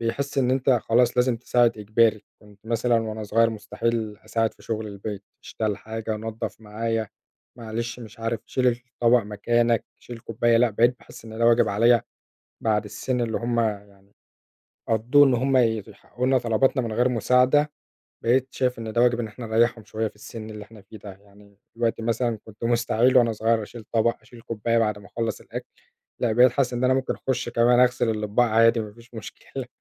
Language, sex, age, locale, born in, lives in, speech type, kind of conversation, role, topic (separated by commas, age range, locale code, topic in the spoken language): Arabic, male, 25-29, ar-EG, Egypt, Egypt, conversation, unstructured, none, إيه اللي بتحس بيه لما بتساعد حد تاني؟